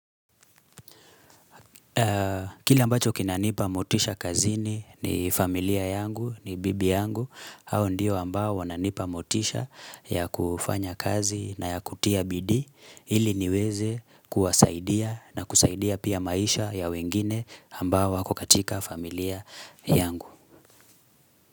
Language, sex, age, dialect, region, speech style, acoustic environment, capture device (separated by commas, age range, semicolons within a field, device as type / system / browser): Swahili, male, 25-34, Kenya - Nairobi Sheng-influenced, Kenya, happy; excited, silence, mobile / Linux / Chrome